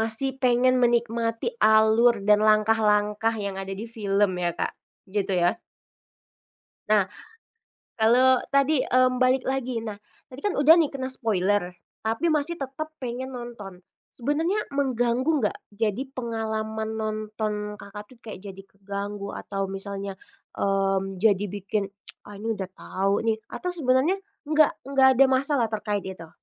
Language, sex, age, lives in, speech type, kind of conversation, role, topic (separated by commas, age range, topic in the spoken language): Indonesian, female, 25-29, Indonesia, conversation, podcast, host, Seberapa mengganggu spoiler bagi pengalaman menontonmu, sih?